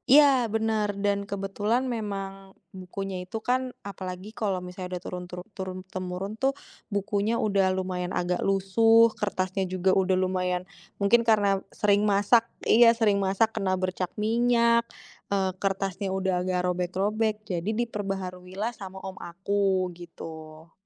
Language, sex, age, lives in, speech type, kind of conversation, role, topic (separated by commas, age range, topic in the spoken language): Indonesian, female, 30-34, Indonesia, conversation, podcast, guest, Bagaimana cara kalian merawat dan mewariskan resep turun-temurun di rumah?